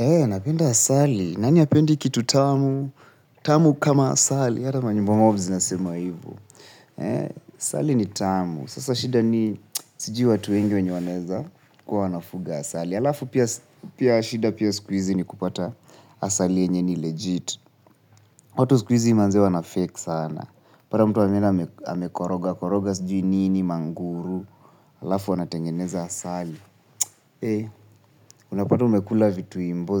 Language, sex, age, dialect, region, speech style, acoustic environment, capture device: Swahili, male, 25-34, Kenya - Nairobi Sheng-influenced, Kenya, relaxed, people talking, mobile / Linux / Chrome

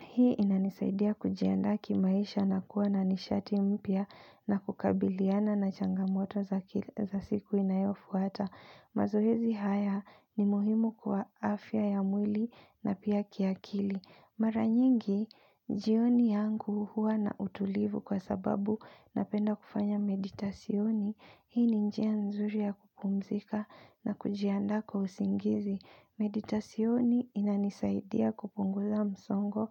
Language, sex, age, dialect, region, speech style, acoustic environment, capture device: Swahili, female, 25-34, Kenya - Nairobi Sheng-influenced, Kenya, focused, appliances, mobile / Linux / Chrome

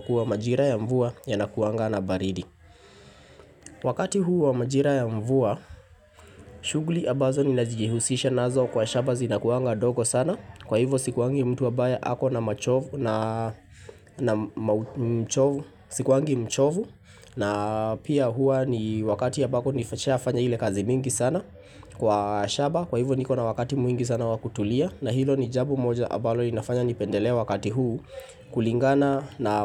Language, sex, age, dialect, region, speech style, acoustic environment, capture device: Swahili, male, 25-34, Kenya - Nairobi Sheng-influenced, Kenya, happy, silence, mobile / Linux / Chrome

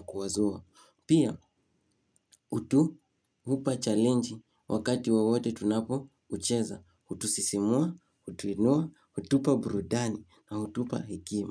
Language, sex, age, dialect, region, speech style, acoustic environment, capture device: Swahili, male, 18-24, Kenya - Nairobi Sheng-influenced, Kenya, happy, silence, mobile / Linux / Chrome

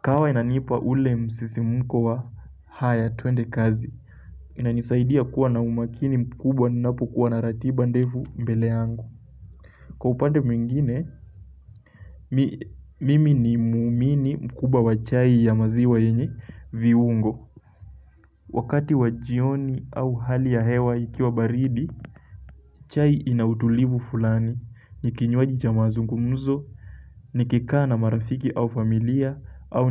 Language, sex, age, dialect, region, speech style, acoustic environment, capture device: Swahili, male, 18-24, Kenya - Mombasa, Kenya, relaxed, appliances, mobile / Linux / Chrome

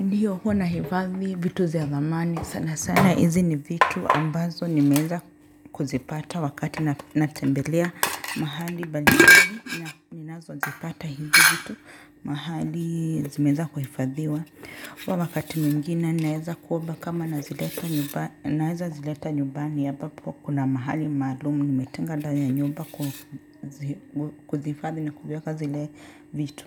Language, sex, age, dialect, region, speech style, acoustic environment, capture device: Swahili, male, 35-44, Kenya - Nairobi Sheng-influenced, Kenya, relaxed, appliances, mobile / Linux / Chrome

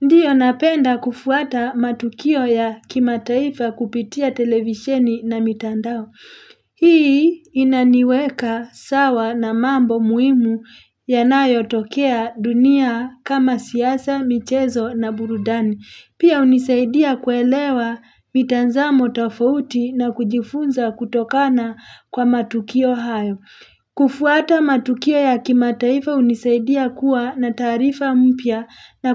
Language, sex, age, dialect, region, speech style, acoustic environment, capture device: Swahili, female, 35-44, Kenya - Nairobi Sheng-influenced, Kenya, happy; relaxed; excited; focused, people talking; nature; traffic; construction; appliances, mobile / Linux / Chrome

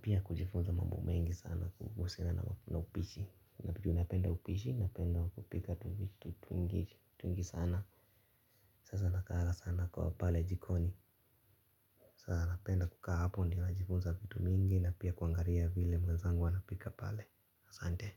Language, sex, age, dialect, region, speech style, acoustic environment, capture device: Swahili, male, 25-34, Kenya - Nairobi Sheng-influenced, Kenya, relaxed, nature, mobile / Linux / Chrome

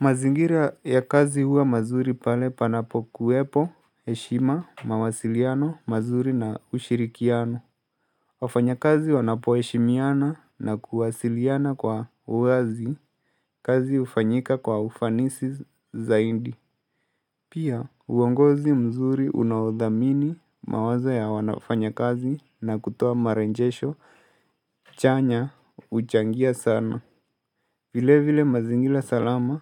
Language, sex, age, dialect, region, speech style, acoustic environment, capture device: Swahili, male, 18-24, Kenya - Mombasa, Kenya, relaxed, silence, mobile / Linux / Chrome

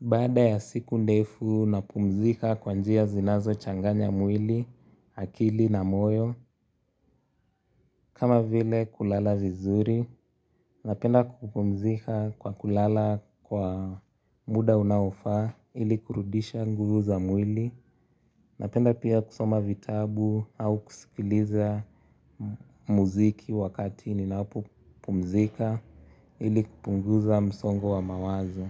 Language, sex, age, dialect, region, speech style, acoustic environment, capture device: Swahili, male, 18-24, Kenya - Nairobi Sheng-influenced, Kenya, happy; relaxed; focused, nature; silence; traffic; appliances, mobile / Linux / Chrome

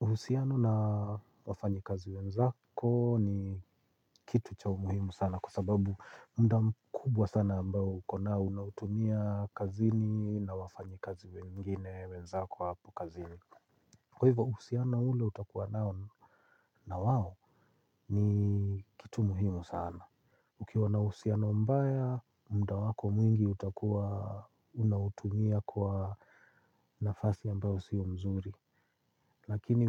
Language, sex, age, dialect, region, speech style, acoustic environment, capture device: Swahili, male, 45-54, Kenya - Nairobi Sheng-influenced, Kenya, relaxed, silence, mobile / Linux / Chrome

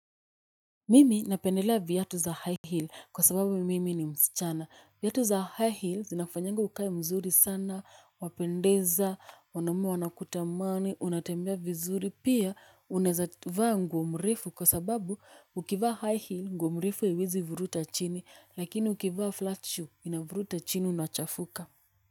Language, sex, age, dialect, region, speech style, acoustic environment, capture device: Swahili, female, 25-34, Kenya - Nairobi Sheng-influenced, Kenya, happy; nervous, people talking; music, mobile / Linux / Chrome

